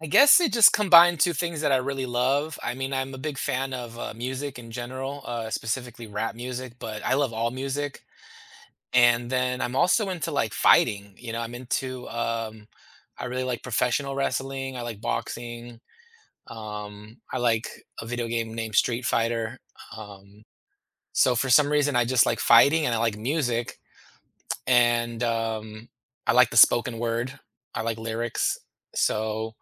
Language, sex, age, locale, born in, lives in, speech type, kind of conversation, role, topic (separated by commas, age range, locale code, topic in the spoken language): English, male, 40-44, en-US, United States, United States, conversation, podcast, guest, What role do hobbies play in shaping who we are and what we enjoy?